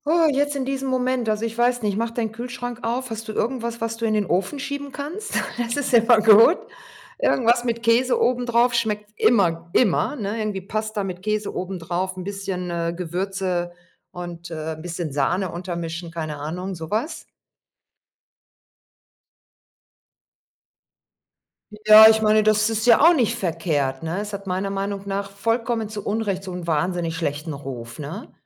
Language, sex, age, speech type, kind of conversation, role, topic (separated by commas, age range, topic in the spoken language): German, female, 50-54, conversation, unstructured, none, Was ist dein bester Tipp, um beim Kochen Zeit zu sparen?